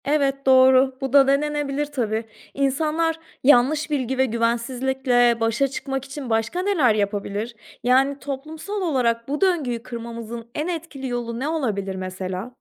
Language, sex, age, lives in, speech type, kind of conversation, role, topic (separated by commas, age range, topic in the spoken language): Turkish, female, 30-34, Portugal, read, expert-assistant, asker, Neden günümüzde anksiyete ve depresyon vakaları artıyor?